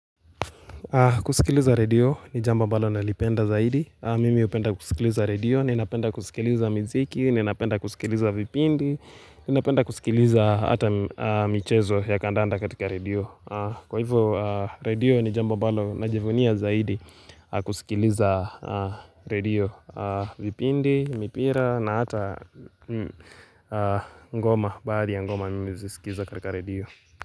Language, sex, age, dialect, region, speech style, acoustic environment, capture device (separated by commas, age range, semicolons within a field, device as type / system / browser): Swahili, male, 18-24, Kenya - Nairobi Sheng-influenced, Kenya, focused, nature, mobile / Linux / Chrome